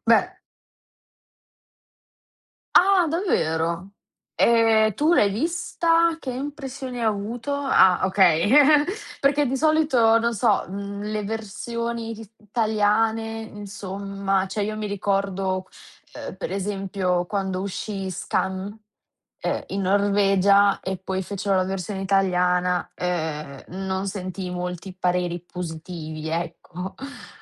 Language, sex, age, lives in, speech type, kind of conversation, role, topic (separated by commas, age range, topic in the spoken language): Italian, female, 20-24, Italy, conversation, podcast, host, Quale serie TV ti ha fatto restare sveglio fino a tardi?